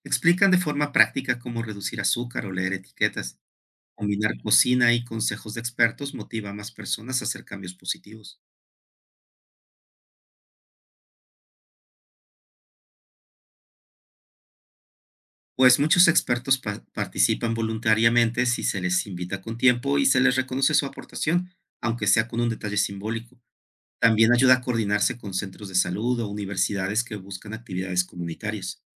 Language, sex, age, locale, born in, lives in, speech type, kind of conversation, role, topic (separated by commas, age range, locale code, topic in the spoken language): Spanish, male, 55-59, es-MX, Mexico, Mexico, read, expert-assistant, expert, ¿Qué formas creativas existen para que las pequeñas comunidades mejoren su economía?